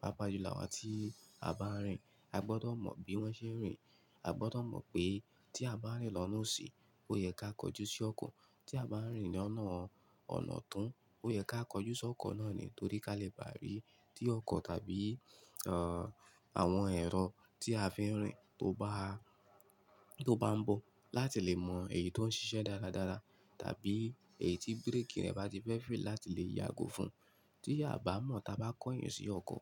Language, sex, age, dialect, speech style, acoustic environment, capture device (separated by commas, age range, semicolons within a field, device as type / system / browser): Yoruba, male, 25-34, Nigeria - Ibadan, happy, nature, mobile / Linux / Chrome